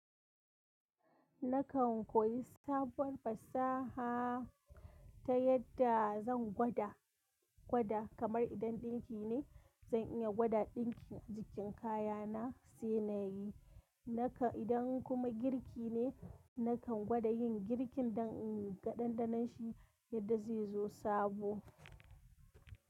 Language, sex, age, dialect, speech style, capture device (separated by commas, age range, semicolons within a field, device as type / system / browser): Hausa, female, 25-34, Nigeria - Kano, happy, mobile / Linux / Chrome